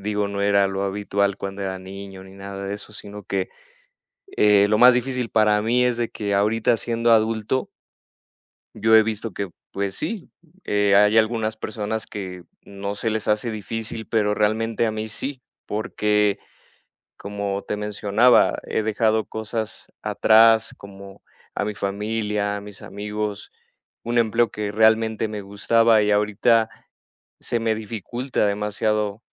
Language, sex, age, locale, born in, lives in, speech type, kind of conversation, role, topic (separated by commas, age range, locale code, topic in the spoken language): Spanish, male, 30-34, es-MX, Mexico, Mexico, conversation, advice, user, ¿Cómo puedo adaptarme mejor a los cambios recientes y sentirme más estable y tranquilo?